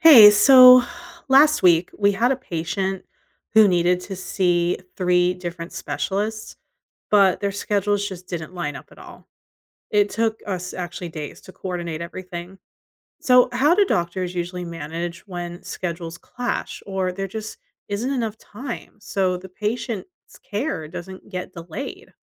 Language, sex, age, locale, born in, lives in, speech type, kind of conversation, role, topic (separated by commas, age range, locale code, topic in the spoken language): English, female, 40-44, en-US, United States, United States, read, expert-assistant, asker, How do doctors in different specialties coordinate patient care when they face scheduling conflicts or tight time constraints?